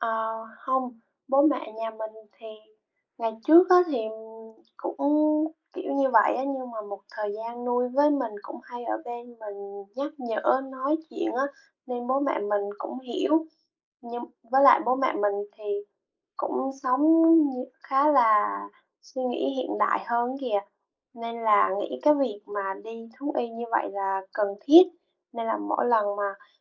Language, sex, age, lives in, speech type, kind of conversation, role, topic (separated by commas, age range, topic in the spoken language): Vietnamese, female, 20-24, Vietnam, conversation, unstructured, none, Bạn nghĩ sao về việc nuôi thú cưng so với việc không nuôi thú cưng?